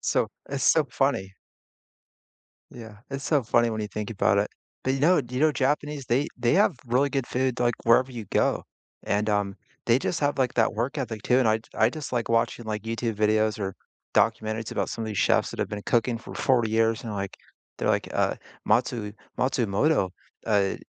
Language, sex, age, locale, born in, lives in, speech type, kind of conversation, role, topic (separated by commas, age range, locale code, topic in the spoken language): English, male, 40-44, en-US, United States, United States, conversation, unstructured, none, What simple, comforting meals make you feel nourished and happy, and what stories do they hold?